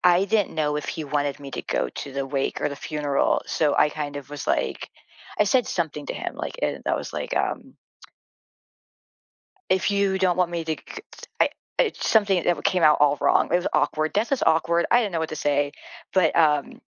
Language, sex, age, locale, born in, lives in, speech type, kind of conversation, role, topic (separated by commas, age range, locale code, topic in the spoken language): English, female, 45-49, en-US, United States, United States, conversation, podcast, guest, Why can forming new friendships feel difficult for many people today?